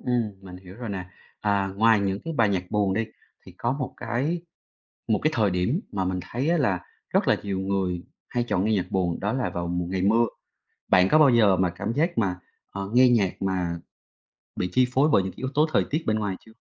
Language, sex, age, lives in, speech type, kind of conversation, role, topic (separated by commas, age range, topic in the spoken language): Vietnamese, male, 30-34, Vietnam, conversation, podcast, host, Bạn chọn danh sách phát cho những ngày buồn như thế nào?